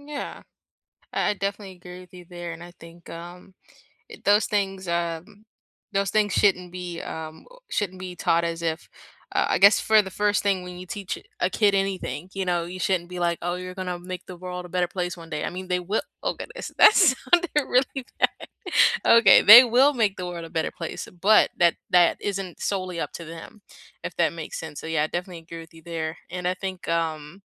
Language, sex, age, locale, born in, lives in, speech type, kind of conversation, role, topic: English, female, 18-19, en-US, United States, United States, conversation, unstructured, none, Which books from school have stayed with you?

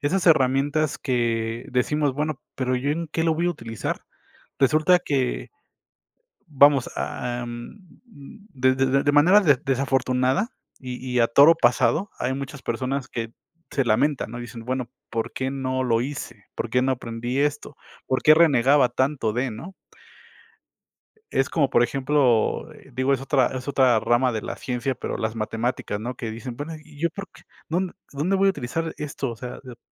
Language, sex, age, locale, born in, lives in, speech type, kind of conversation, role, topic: Spanish, male, 35-39, es-MX, Mexico, Mexico, conversation, unstructured, none, ¿Crees que todas las personas deberían aprender un segundo idioma? ¿Por qué?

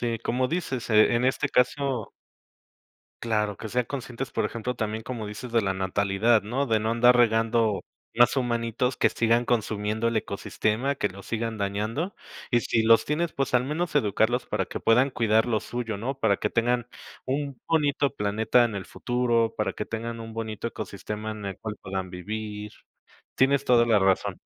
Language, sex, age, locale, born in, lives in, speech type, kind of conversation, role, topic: Spanish, male, 35-39, es-MX, Mexico, Mexico, conversation, unstructured, none, ¿Has pensado alguna vez qué pasaría si desaparecieran los bosques?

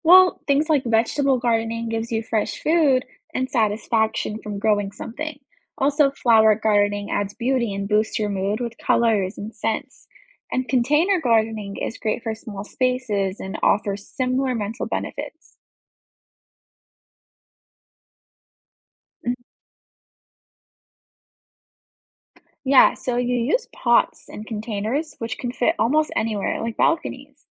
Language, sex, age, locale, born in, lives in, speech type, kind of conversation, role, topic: English, female, 20-24, en-US, United States, United States, read, expert-assistant, expert, How can gardening enhance mental wellness?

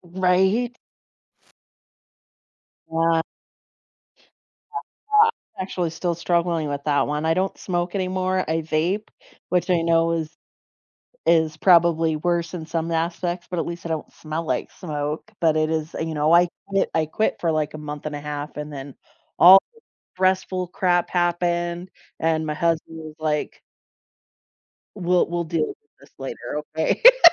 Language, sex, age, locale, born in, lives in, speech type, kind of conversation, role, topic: English, female, 40-44, en-US, United States, United States, conversation, unstructured, none, Why do some habits feel so difficult to change, even when we want to?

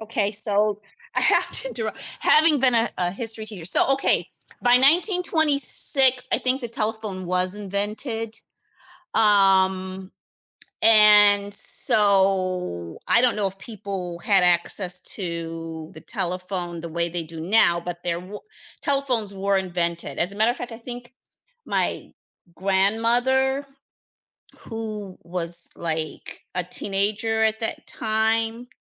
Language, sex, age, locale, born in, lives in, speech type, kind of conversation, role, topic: English, female, 50-54, en-US, United States, United States, conversation, unstructured, none, What do you think life was like 100 years ago?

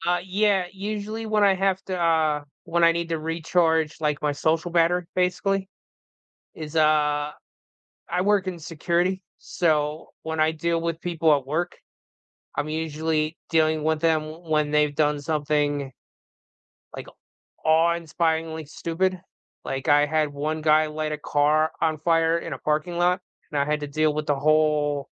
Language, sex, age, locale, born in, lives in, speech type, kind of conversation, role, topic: English, male, 40-44, en-US, United States, United States, conversation, unstructured, none, How do you recharge—solo time or social time?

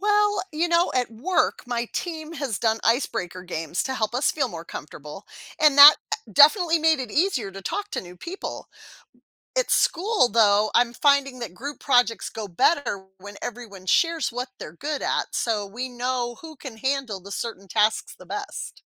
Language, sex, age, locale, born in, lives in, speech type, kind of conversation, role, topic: English, female, 50-54, en-US, United States, United States, read, expert-assistant, asker, What skills can you develop by participating in team sports?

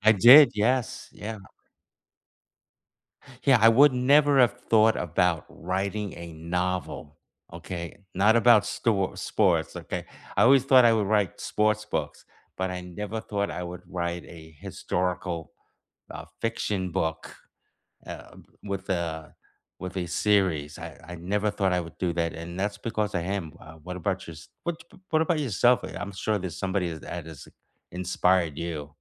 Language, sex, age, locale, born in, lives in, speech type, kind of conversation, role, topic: English, male, 65-69, en-US, United States, United States, conversation, unstructured, none, Which teacher inspired you most, what did they spark in you, and how did it shape you?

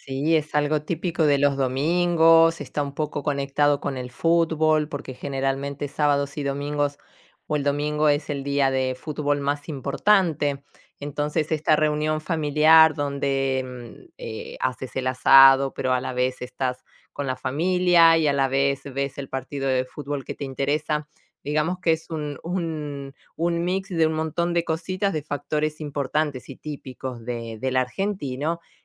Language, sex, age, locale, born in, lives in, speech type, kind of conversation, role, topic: Spanish, female, 45-49, es-AR, Argentina, Italy, conversation, unstructured, none, ¿Has tenido algún encuentro sorprendente con gente local?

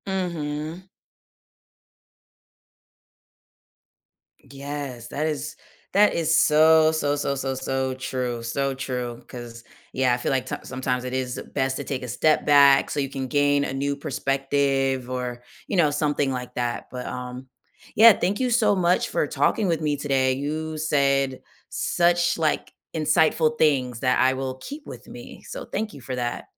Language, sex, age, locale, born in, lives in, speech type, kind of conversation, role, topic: English, female, 30-34, en-US, United States, United States, conversation, podcast, host, What helps you move forward during challenging times?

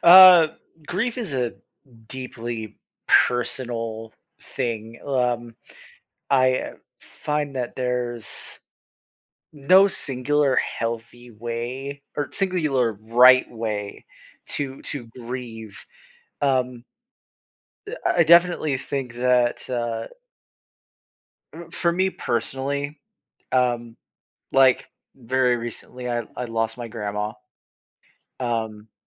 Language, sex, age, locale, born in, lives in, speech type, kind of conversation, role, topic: English, male, 25-29, en-US, United States, United States, conversation, podcast, guest, How can people find meaningful and healthy ways to cope with grief?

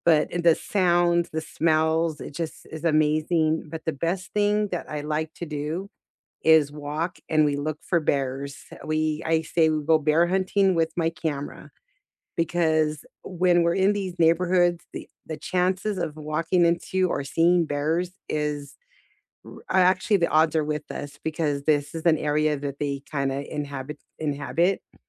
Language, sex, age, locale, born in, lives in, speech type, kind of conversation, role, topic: English, female, 55-59, en-US, United States, United States, conversation, unstructured, none, Which walkable neighborhood do you love exploring, and what makes it feel special to you?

